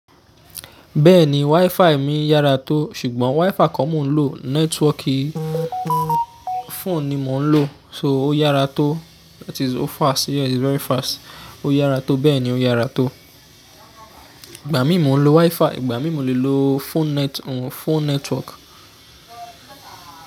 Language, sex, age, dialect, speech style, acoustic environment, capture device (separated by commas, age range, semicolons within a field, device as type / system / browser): Yoruba, male, 25-34, Nigeria - Ijebu, happy; excited; focused, traffic; nature; people talking, mobile / Linux / Chrome